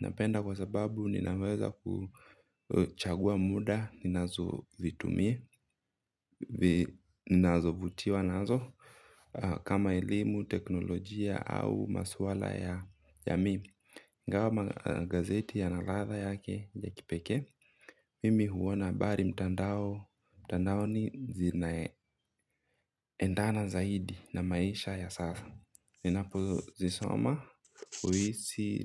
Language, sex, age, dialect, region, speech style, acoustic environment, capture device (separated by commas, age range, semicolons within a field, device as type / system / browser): Swahili, male, 25-34, Kenya - Mombasa, Kenya, anxious, nature; silence, mobile / Linux / Chrome